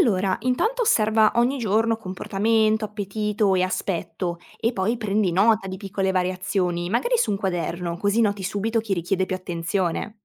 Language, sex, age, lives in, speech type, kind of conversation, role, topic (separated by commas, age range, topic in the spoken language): Italian, female, 20-24, Italy, read, expert-assistant, expert, Quali strategie posso usare per gestire efficacemente una piccola fattoria con diversi tipi di animali?